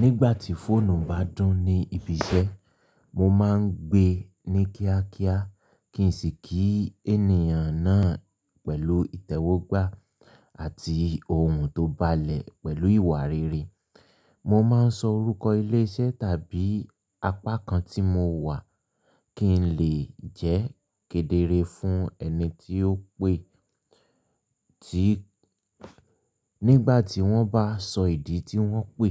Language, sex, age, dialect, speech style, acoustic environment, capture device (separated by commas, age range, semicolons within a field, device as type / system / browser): Yoruba, male, 25-34, Nigeria - Ibadan, happy, silence; people talking, mobile / Linux / Chrome